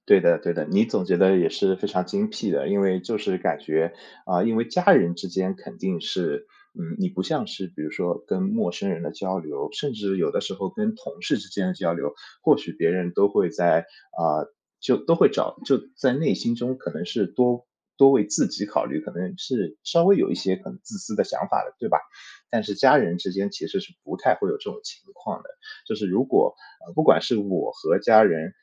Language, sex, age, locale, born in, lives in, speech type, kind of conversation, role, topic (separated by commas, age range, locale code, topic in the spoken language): Chinese, male, 30-34, zh-CN, China, United States, conversation, podcast, guest, 你会如何重建家人的信任？